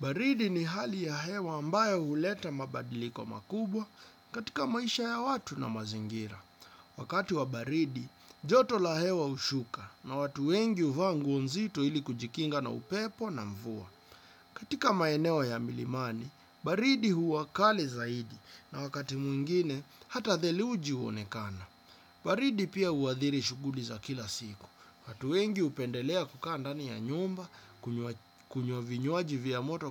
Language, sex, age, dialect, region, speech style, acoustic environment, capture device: Swahili, male, 25-34, Kenya - Nairobi Sheng-influenced, Kenya, relaxed, nature, desktop / Windows / Chrome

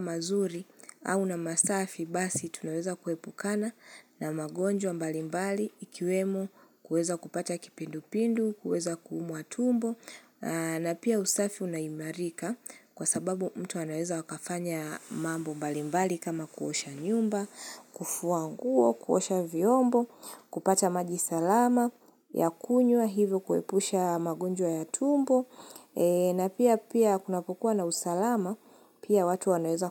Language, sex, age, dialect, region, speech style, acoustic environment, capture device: Swahili, female, 25-34, Kenya - Nairobi Sheng-influenced, Kenya, happy; excited, nature; silence, mobile / Linux / Chrome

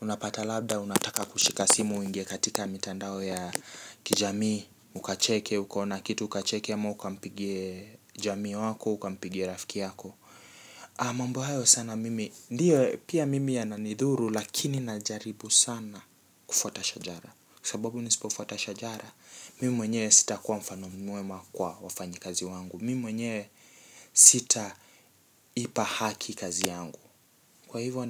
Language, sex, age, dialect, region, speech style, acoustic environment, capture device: Swahili, male, 18-24, Kenya - Nairobi Sheng-influenced, Kenya, relaxed, appliances, mobile / Linux / Chrome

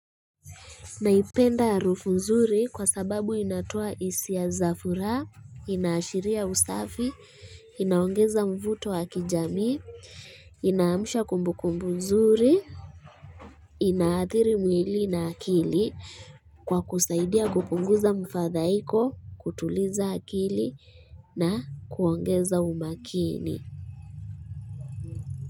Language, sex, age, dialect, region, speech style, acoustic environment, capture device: Swahili, female, 25-34, Kenya - Kitui, Kenya, relaxed; focused; happy, traffic; construction; people talking; music; nature, mobile / Linux / Chrome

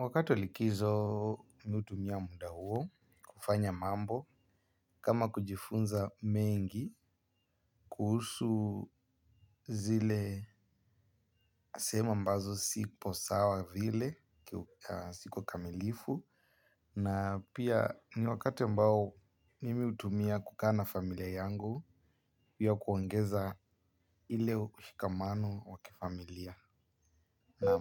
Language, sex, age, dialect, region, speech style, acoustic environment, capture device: Swahili, male, 18-24, Kenya - Nairobi Sheng-influenced, Kenya, happy, nature, mobile / Linux / Chrome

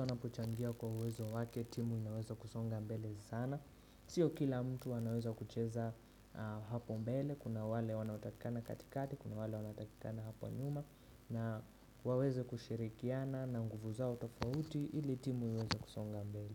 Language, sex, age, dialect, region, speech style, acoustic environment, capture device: Swahili, male, 25-34, Kenya - Nairobi Sheng-influenced, Kenya, relaxed; focused, silence; nature, desktop / Windows / Chrome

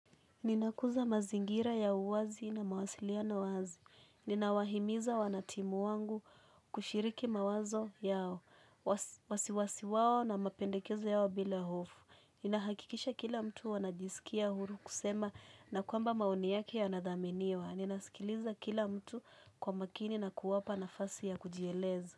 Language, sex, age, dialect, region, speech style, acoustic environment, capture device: Swahili, female, 18-24, Kenya - Mombasa, Kenya, relaxed; nervous; focused, people talking; music; nature; appliances; silence, mobile / Linux / Chrome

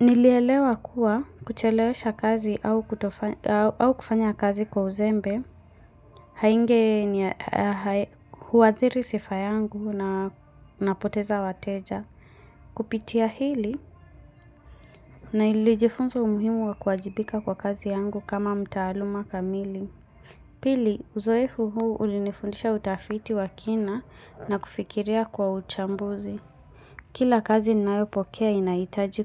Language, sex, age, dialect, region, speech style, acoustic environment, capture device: Swahili, female, 25-34, Kenya - Mombasa, Kenya, focused, silence, mobile / Linux / Chrome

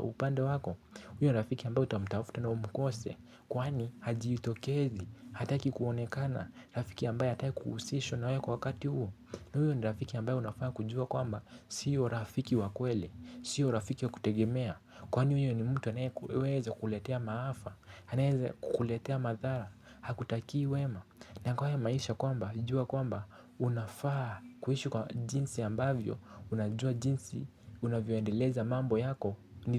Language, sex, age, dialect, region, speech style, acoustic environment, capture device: Swahili, male, 18-24, Kenya - Nairobi Sheng-influenced, Kenya, anxious; excited; focused, nature; silence, mobile / Linux / Chrome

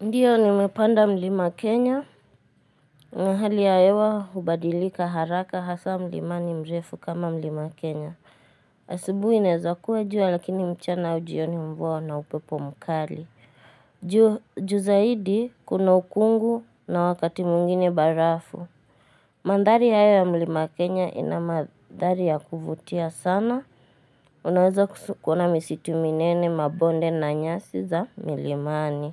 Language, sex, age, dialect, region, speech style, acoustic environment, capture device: Swahili, female, 18-24, Kenya - Nairobi Sheng-influenced, Kenya, happy, silence, mobile / Linux / Chrome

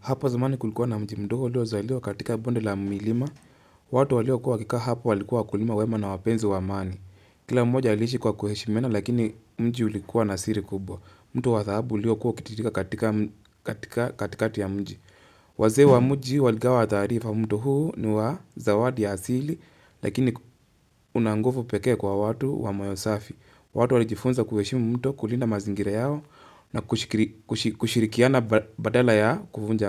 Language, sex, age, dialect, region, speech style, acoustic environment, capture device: Swahili, male, 25-34, Kenya - Nairobi Sheng-influenced, Kenya, focused, nature, mobile / Linux / Chrome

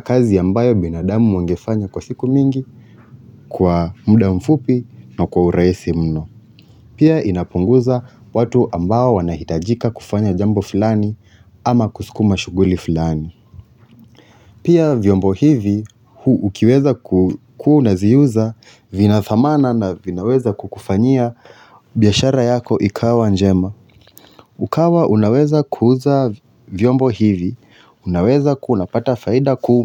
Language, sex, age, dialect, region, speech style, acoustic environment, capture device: Swahili, male, 25-34, Kenya - Nairobi Sheng-influenced, Kenya, relaxed, silence; nature, mobile / Linux / Chrome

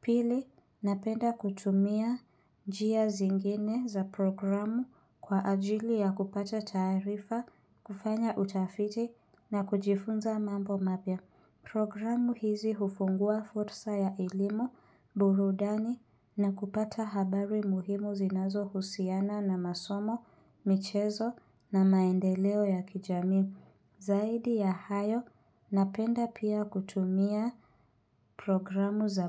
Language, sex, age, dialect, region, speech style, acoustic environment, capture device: Swahili, female, 25-34, Kenya - Nairobi Sheng-influenced, Kenya, relaxed, silence; nature, mobile / Linux / Chrome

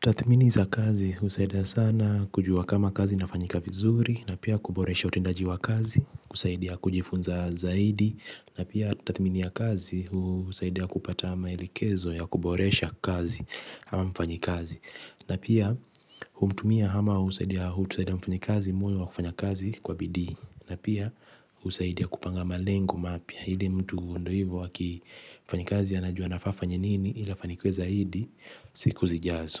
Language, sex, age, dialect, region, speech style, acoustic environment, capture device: Swahili, male, 18-24, Kenya - Mombasa, Kenya, relaxed, silence, mobile / Linux / Chrome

